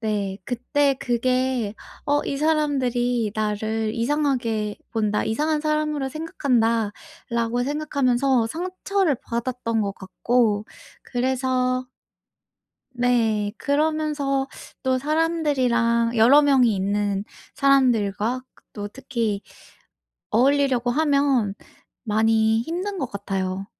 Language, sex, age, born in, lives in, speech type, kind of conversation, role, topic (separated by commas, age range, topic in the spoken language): Korean, female, 25-29, South Korea, United States, conversation, advice, user, 그룹 행사에서 소외감을 느낄 때 어떻게 하면 좋을까요?